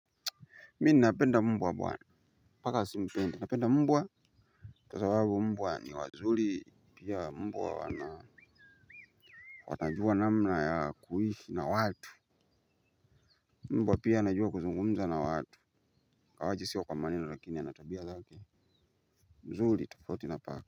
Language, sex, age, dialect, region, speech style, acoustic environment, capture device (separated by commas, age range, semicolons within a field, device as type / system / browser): Swahili, male, 25-34, Tanzania - Dar es Salaam, Tanzania, happy, nature; people talking, mobile / Linux / Chrome